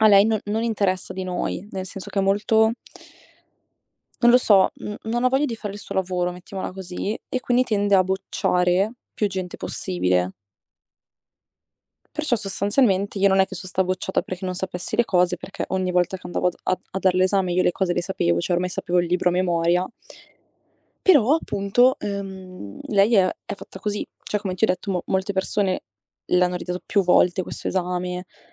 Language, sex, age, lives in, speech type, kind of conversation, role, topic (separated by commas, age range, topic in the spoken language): Italian, female, 20-24, Italy, conversation, advice, user, In che modo la paura di fallire ti blocca dall’agire?